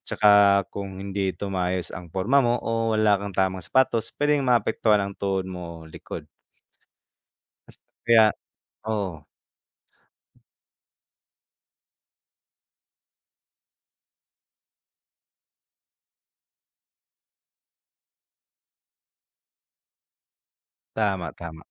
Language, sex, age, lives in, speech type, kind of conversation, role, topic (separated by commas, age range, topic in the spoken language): Filipino, male, 25-29, Philippines, conversation, unstructured, none, Alin ang mas masaya para sa iyo: pagbisikleta o pagtakbo?